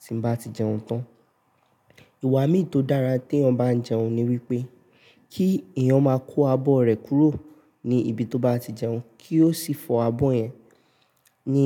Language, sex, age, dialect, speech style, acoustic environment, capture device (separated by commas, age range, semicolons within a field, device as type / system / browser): Yoruba, male, 18-24, Nigeria - Nigerian Standard, focused; stressed, appliances; nature, mobile / Linux / Chrome